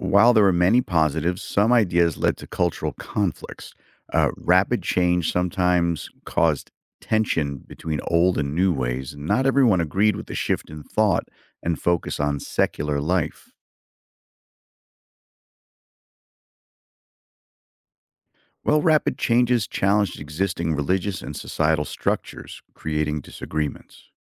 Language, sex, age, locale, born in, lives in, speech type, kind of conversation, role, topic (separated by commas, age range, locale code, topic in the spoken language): English, male, 55-59, en-US, United States, United States, read, expert-assistant, expert, What was the impact of the Renaissance on modern culture?